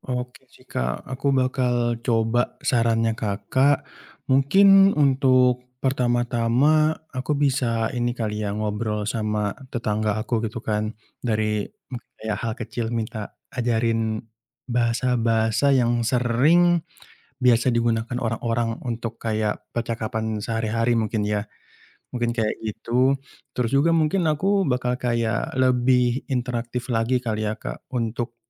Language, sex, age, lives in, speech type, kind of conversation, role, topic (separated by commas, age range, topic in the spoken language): Indonesian, male, 25-29, Indonesia, conversation, advice, user, Mengapa saya sulit mendapatkan teman baru di lingkungan yang baru?